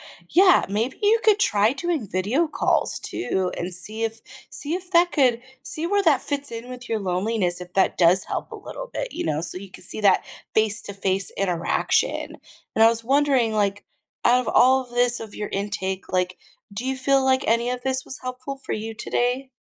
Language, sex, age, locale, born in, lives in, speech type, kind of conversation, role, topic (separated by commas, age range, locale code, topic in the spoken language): English, female, 30-34, en-US, United States, United States, conversation, advice, advisor, How can I build meaningful connections and feel less lonely?